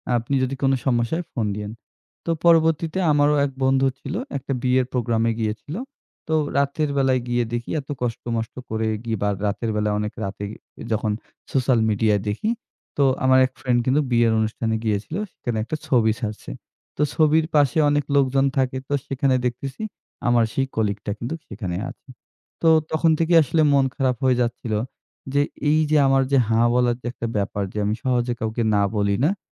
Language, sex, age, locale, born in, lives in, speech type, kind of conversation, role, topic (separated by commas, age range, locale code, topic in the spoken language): Bengali, male, 25-29, bn-BD, Bangladesh, Bangladesh, conversation, advice, user, সব অনুরোধে ‘হ্যাঁ’ বলতে বলতে কি আপনার সময় নষ্ট হয়ে যাচ্ছে?